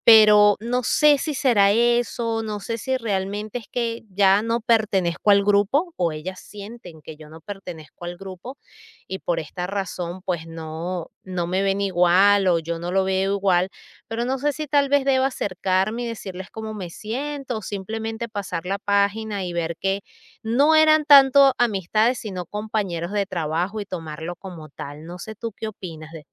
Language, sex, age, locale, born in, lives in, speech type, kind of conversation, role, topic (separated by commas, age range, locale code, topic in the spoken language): Spanish, female, 40-44, es-VE, Venezuela, United States, conversation, advice, user, ¿Cómo te has sentido al notar que te excluyen de un grupo social al que antes pertenecías?